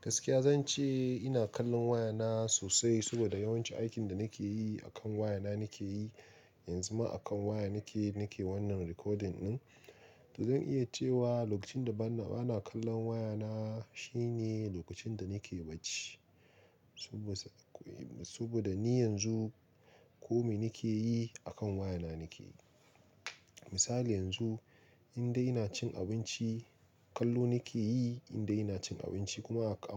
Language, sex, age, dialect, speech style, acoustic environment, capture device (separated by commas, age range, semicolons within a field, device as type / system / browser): Hausa, male, 25-34, Nigeria - Nigerian Standard, relaxed, silence, mobile / Linux / Chrome